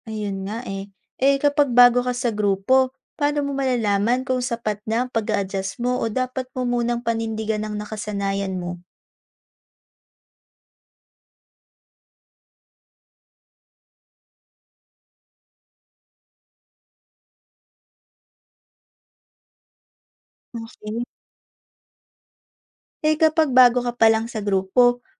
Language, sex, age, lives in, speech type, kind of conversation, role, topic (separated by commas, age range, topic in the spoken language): Filipino, female, 20-24, Philippines, read, expert-assistant, asker, Sa dami ng payo at gabay, paano mo pipiliin ang tamang paraan ng pag-organisa ng negosyo na swak sa iyong personalidad at resources?